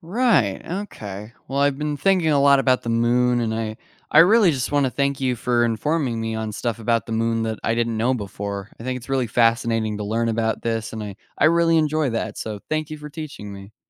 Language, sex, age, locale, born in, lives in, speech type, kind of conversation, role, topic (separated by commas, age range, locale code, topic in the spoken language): English, male, 18-19, en-US, United States, United States, read, expert-assistant, asker, Why do the Moon's phases change each month?